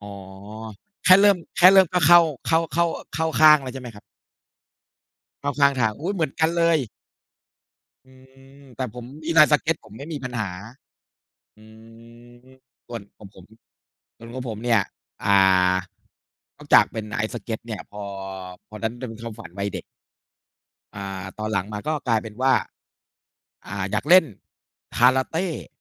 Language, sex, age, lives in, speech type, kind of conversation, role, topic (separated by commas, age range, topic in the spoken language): Thai, male, 40-44, Thailand, conversation, unstructured, none, คุณคิดว่าการเล่นกีฬาในร่มหรือกลางแจ้งสนุกกว่ากัน?